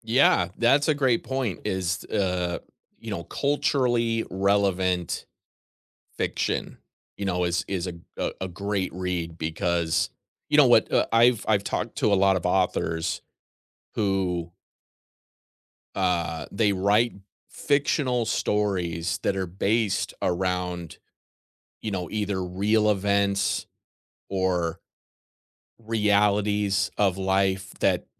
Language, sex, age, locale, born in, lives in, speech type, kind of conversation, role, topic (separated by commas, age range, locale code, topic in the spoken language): English, male, 40-44, en-US, United States, United States, conversation, unstructured, none, How do you choose your next book, and how do you like to share reading recommendations?